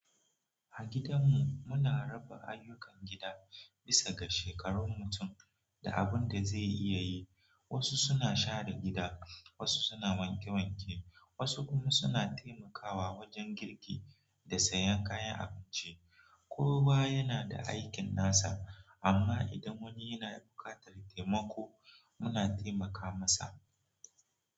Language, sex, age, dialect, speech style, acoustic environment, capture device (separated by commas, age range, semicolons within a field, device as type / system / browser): Hausa, male, 18-24, Nigeria - Nigerian Standard, relaxed; focused, nature; silence, mobile / Android / Chrome